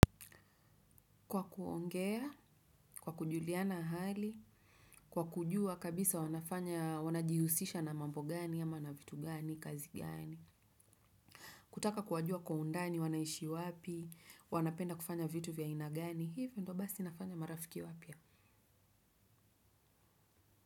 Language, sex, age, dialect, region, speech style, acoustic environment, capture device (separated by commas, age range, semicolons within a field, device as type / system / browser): Swahili, female, 35-44, Kenya - Nairobi Sheng-influenced, Kenya, focused, silence; traffic, desktop / Windows / Chrome